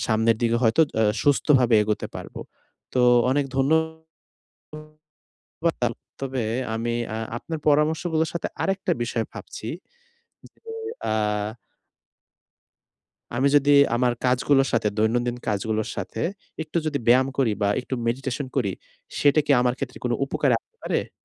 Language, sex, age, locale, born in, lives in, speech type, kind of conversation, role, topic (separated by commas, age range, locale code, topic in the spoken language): Bengali, male, 20-24, bn-BD, Bangladesh, Bangladesh, conversation, advice, user, আমি কীভাবে আবেগ নিয়ন্ত্রণ করে শান্ত থাকতে পারি?